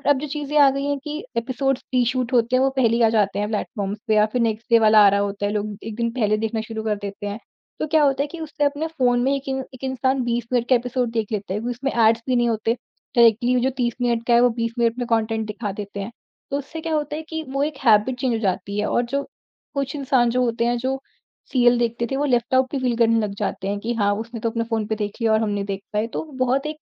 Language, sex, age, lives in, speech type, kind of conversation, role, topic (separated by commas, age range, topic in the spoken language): Hindi, female, 40-44, India, conversation, podcast, guest, Streaming platforms ne tumhari dekhne ki aadat kitni badli hai, aur tumne kya-kya badlav notice kiya hai?